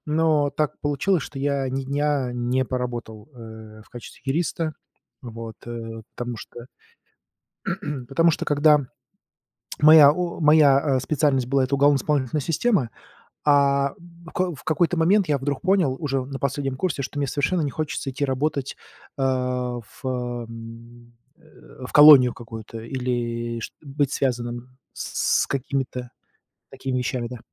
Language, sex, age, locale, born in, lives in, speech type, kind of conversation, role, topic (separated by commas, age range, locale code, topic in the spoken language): Russian, male, 40-44, ru-RU, Russia, United States, conversation, podcast, guest, Как ты пришёл(пришла) в свою профессию?